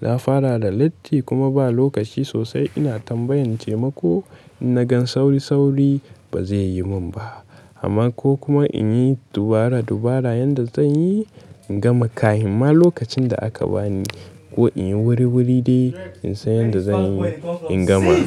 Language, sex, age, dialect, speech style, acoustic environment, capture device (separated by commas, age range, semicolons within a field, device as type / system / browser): Hausa, male, 18-24, Nigeria - Katsina, stressed, people talking, mobile / Linux / Chrome